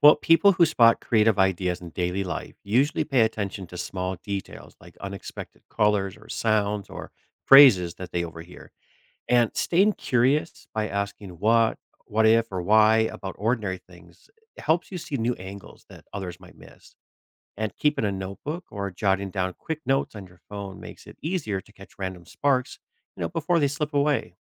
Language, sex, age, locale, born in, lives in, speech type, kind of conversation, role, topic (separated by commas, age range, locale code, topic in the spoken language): English, male, 45-49, en-US, United States, United States, read, expert-assistant, expert, How do people find inspiration for new ideas?